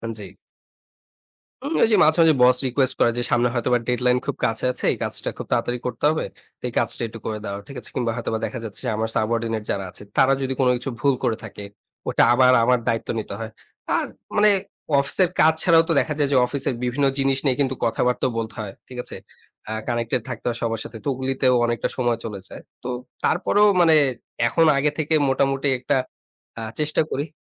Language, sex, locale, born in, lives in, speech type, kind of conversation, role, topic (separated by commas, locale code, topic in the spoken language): Bengali, male, bn-BD, Bangladesh, Bangladesh, conversation, podcast, guest, কাজ আর ব্যক্তিগত জীবনের মধ্যে সীমানা কীভাবে বজায় রাখেন?